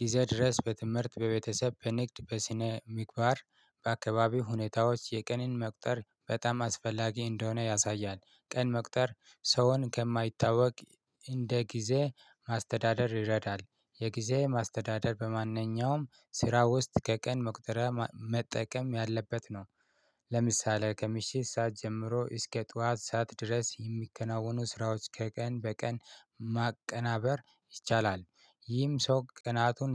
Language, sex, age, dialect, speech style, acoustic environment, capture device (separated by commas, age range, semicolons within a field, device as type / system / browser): Amharic, male, 25-34, Ethiopia - Addis Ababa, excited, silence, mobile / Linux / Chrome